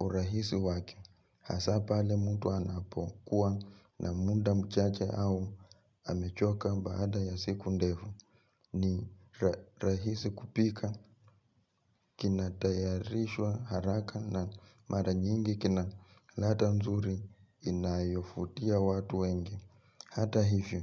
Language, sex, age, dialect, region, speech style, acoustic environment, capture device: Swahili, male, 25-34, Kenya - Nairobi Sheng-influenced, Kenya, relaxed, nature; appliances, mobile / Linux / Chrome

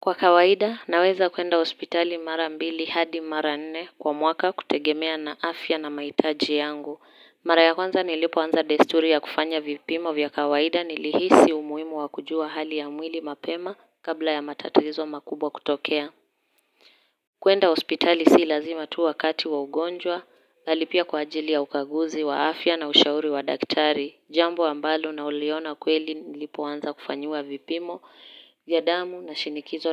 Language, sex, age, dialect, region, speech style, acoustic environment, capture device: Swahili, female, 18-24, Kenya - Nairobi Sheng-influenced, Kenya, happy; focused, silence, mobile / Linux / Chrome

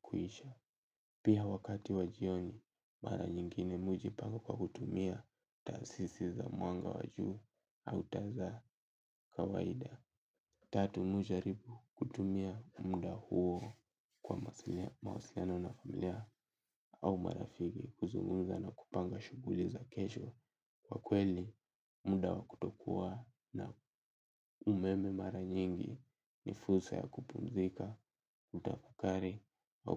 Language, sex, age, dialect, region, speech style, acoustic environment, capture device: Swahili, male, 18-24, Kenya - Nairobi Sheng-influenced, Kenya, tired, silence, mobile / Linux / Chrome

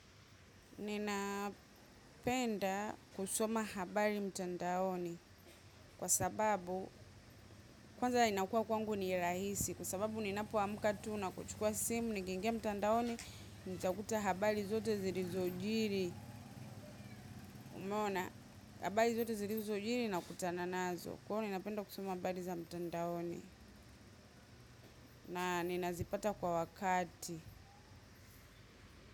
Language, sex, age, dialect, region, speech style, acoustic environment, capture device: Swahili, female, 25-34, Tanzania - Dar es Salaam, Tanzania, happy; focused, silence, mobile / macOS / Safari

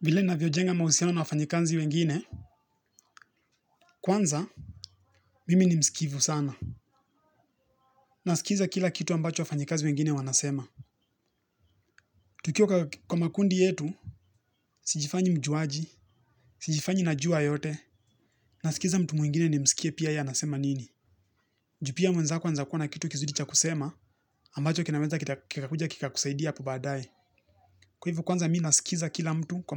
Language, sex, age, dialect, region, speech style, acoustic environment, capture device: Swahili, male, 18-24, Kenya - Nairobi Sheng-influenced, Kenya, relaxed, nature; people talking; appliances, mobile / Linux / Chrome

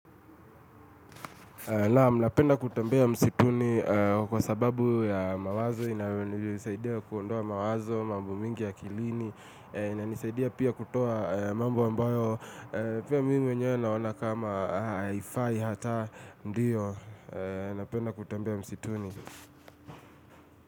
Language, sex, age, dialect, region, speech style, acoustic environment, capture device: Swahili, male, 25-34, Kenya - Nairobi Sheng-influenced, Kenya, relaxed, music, mobile / Linux / Chrome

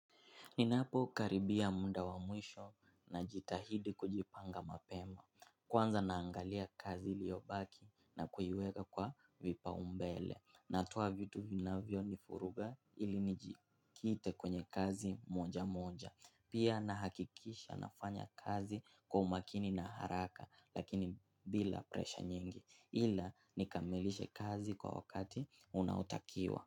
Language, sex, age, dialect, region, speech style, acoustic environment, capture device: Swahili, male, 18-24, Kenya - Mombasa, Kenya, focused; excited; happy; relaxed, silence, mobile / Linux / Chrome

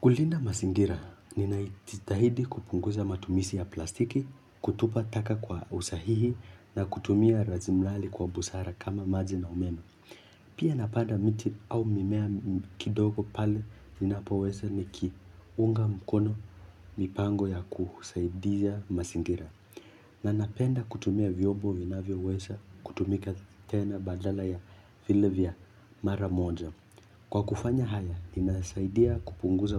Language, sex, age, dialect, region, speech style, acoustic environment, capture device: Swahili, male, 18-24, Kenya - Nairobi Sheng-influenced, Kenya, focused, nature; music; silence, mobile / Linux / Chrome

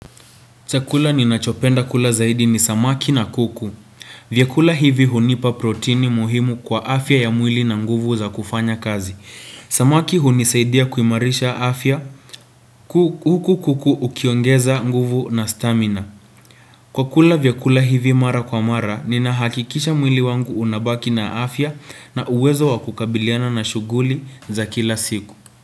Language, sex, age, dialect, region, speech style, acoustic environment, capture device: Swahili, male, 18-24, Kenya - Nairobi Sheng-influenced, Kenya, relaxed; focused, nature; silence, desktop / Windows / Chrome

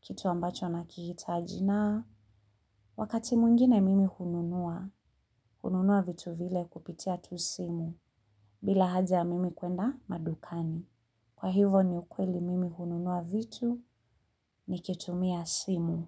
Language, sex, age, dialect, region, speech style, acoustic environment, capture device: Swahili, female, 35-44, Kenya - Nairobi Sheng-influenced, Kenya, relaxed, people talking, mobile / Linux / Chrome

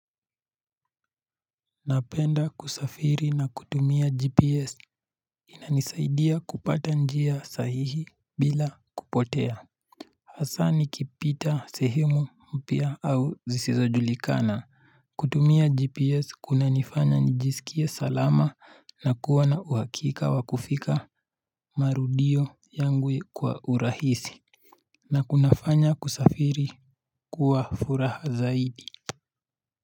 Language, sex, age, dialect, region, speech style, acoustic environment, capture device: Swahili, male, 18-24, Kenya - Nairobi Sheng-influenced, Kenya, happy, nature, mobile / Linux / Chrome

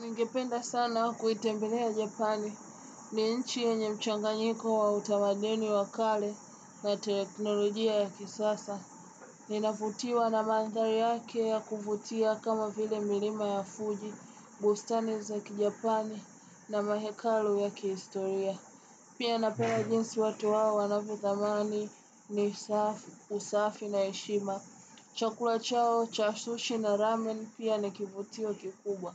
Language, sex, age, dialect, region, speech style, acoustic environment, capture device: Swahili, female, 18-24, Tanzania - Dar es Salaam, Tanzania, relaxed, silence; appliances, desktop / Windows / Chrome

